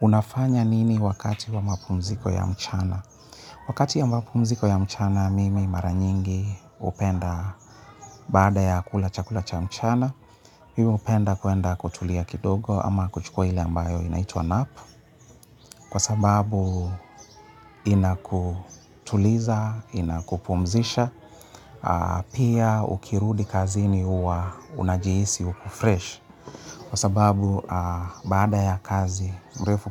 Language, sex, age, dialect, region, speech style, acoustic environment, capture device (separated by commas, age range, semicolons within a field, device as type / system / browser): Swahili, male, 35-44, Kenya - Nairobi Sheng-influenced, Kenya, happy; relaxed; focused; excited, people talking; music; appliances, mobile / Linux / Chrome